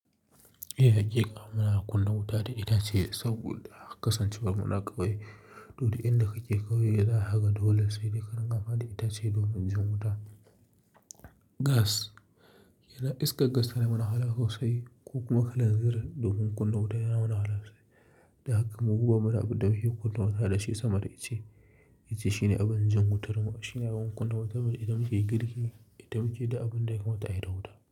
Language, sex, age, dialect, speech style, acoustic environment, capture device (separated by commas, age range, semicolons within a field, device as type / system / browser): Hausa, male, 25-34, Nigeria - Kano, stressed, silence, mobile / Linux / Chrome